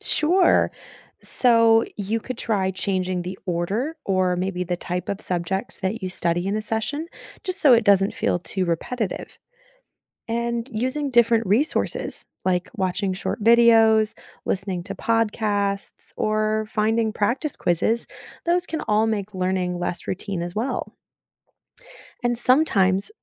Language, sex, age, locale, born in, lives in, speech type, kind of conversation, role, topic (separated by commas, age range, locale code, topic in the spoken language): English, female, 30-34, en-US, United States, United States, read, expert-assistant, expert, Why is it important to develop good study habits?